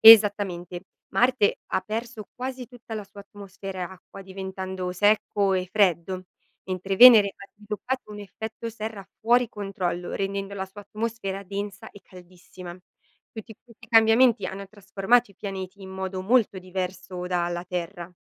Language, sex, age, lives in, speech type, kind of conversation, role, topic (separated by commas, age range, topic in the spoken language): Italian, female, 30-34, Italy, read, expert-assistant, expert, C’è un esempio semplice che può aiutare a capire perché alcuni pianeti hanno un’atmosfera e altri no?